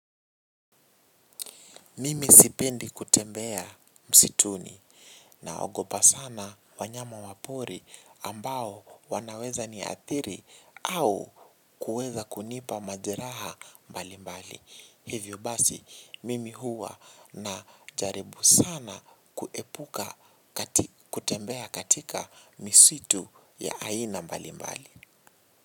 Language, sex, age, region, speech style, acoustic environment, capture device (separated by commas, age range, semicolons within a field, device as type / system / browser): Swahili, male, 18-24, Kenya, relaxed, silence, mobile / Linux / Chrome